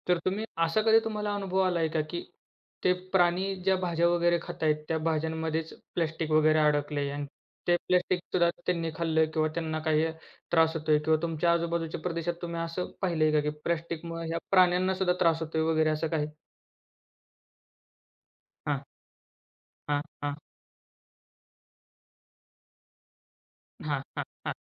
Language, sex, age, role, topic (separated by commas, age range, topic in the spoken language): Marathi, male, 20-24, host, प्लास्टिक कमी करण्याचे सोपे उपाय काय आहेत?